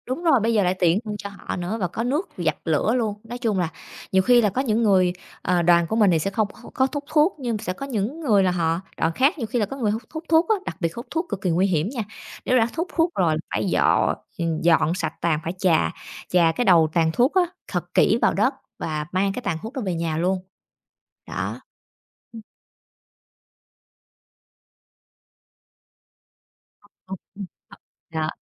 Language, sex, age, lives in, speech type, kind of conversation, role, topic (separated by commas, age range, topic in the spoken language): Vietnamese, female, 30-34, Vietnam, conversation, podcast, guest, Làm thế nào để tiếp cận vùng hoang dã một cách tôn trọng?